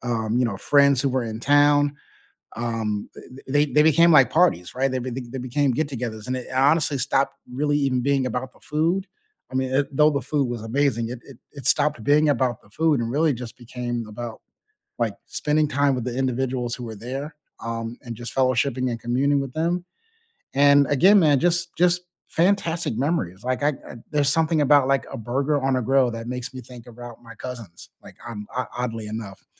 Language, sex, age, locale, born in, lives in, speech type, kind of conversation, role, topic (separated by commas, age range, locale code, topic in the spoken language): English, male, 35-39, en-US, United States, United States, conversation, unstructured, none, Which childhood comfort foods still warm your heart today, and what memories make them special?